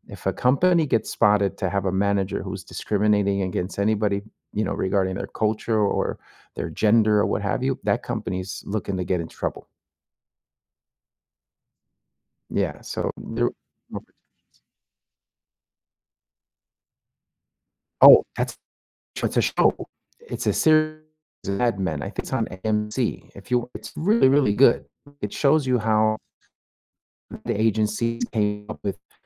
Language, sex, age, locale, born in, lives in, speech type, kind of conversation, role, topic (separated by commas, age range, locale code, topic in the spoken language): English, male, 45-49, en-US, United States, United States, conversation, unstructured, none, What is your perspective on workplace discrimination?